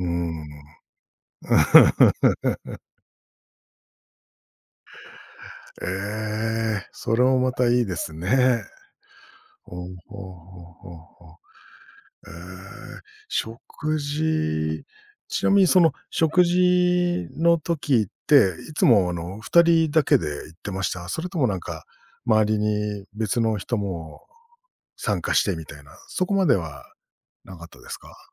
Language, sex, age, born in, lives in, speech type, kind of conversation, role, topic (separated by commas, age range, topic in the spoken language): Japanese, male, 45-49, Japan, Japan, conversation, podcast, host, 一番印象に残った旅の話を聞かせてくれますか？